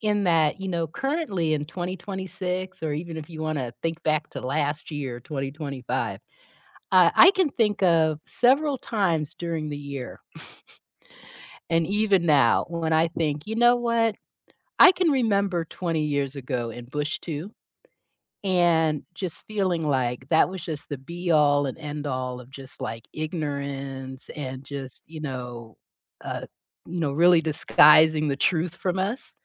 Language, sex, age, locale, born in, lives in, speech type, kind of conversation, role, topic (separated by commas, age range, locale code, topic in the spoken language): English, female, 55-59, en-US, United States, United States, conversation, unstructured, none, How do you feel when politicians avoid answering tough questions?